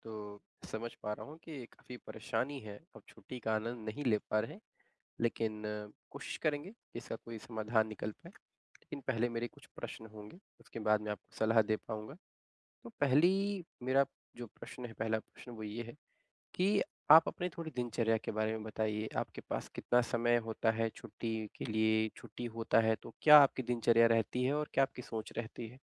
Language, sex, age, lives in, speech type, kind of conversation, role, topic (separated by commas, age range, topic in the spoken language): Hindi, male, 25-29, India, conversation, advice, advisor, सीमित समय में छुट्टी का पूरा आनंद कैसे लिया जाए?